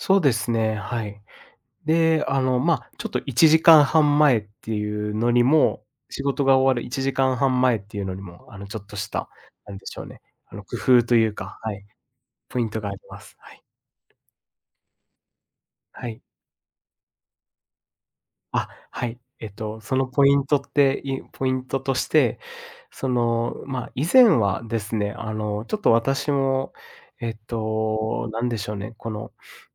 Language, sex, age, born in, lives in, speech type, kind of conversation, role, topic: Japanese, male, 25-29, Japan, Japan, conversation, podcast, guest, 在宅勤務で集中するにはどうすればよいですか？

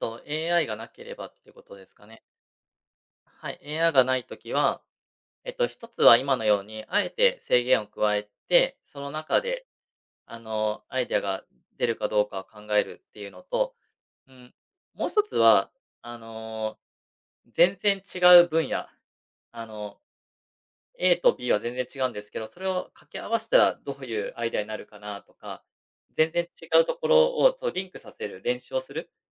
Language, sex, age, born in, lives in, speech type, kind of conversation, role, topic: Japanese, male, 35-39, Japan, Japan, conversation, podcast, guest, アイデアが浮かばないとき、あなたは何をしますか？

